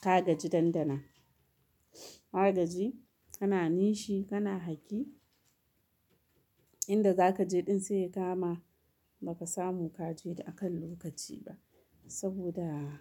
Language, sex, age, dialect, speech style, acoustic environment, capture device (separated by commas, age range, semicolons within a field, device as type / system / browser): Hausa, female, 35-44, Nigeria - Kano, happy, people talking, mobile / Linux / Chrome